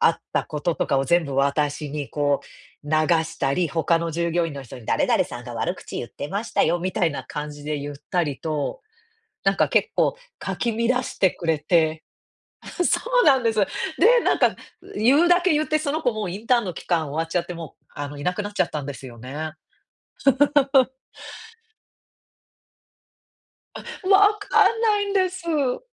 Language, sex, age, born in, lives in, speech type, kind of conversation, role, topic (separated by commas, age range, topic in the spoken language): Japanese, female, 35-39, Japan, United States, conversation, advice, user, どうすれば他人の批判と自分の価値を切り離して受け止められますか？